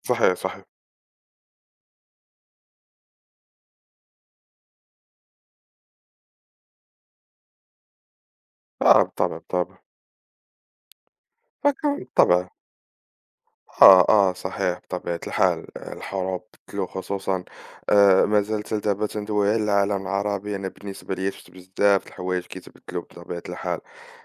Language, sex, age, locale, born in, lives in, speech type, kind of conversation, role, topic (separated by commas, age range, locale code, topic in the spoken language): Arabic, male, 20-24, ar-MA, Morocco, France, conversation, unstructured, none, إزاي الحروب القديمة لسه مأثرة على حياتنا النهارده؟